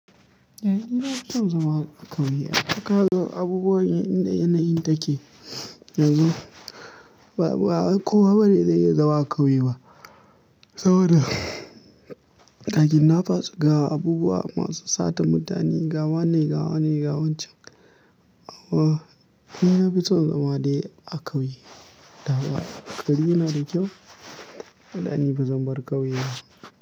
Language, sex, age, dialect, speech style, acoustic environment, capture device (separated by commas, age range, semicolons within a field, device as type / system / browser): Hausa, male, 25-34, Nigeria - Kano, tired; happy, nature, mobile / Linux / Chrome